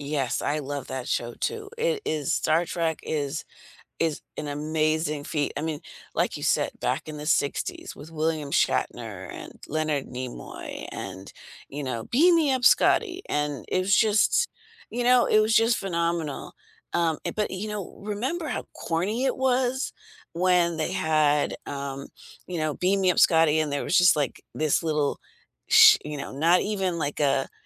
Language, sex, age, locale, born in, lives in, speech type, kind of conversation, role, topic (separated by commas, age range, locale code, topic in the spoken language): English, female, 60-64, en-US, United States, United States, conversation, unstructured, none, What TV show would you recommend to absolutely everyone?